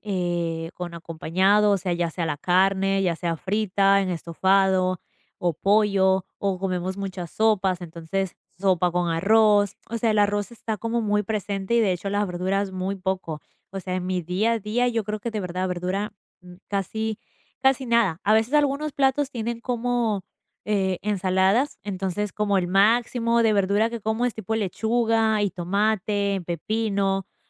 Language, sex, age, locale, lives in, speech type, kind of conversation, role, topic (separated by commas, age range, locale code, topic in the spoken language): Spanish, female, 20-24, es-EC, United States, conversation, advice, user, ¿Qué se te dificulta para comer más frutas y verduras todos los días?